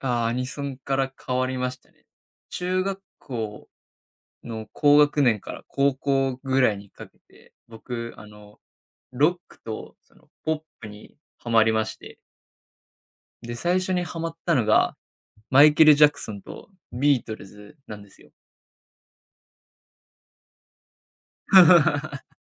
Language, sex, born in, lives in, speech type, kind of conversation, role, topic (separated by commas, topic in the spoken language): Japanese, male, Japan, Japan, conversation, podcast, guest, 子どものころと比べて、音楽の好みはどのように変わりましたか？